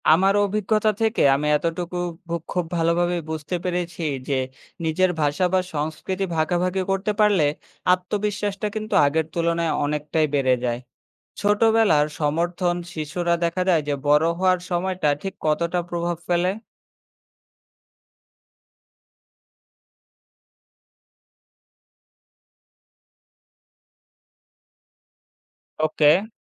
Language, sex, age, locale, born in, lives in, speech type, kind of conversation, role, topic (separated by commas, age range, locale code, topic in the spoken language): Bengali, male, 25-29, bn-BD, Bangladesh, Bangladesh, read, expert-assistant, asker, একটি নতুন দেশে বসবাস করার সময় নিজের সংস্কৃতি ধরে রাখা ভালো, না কি নতুন সংস্কৃতি দ্রুত গ্রহণ করা ভালো?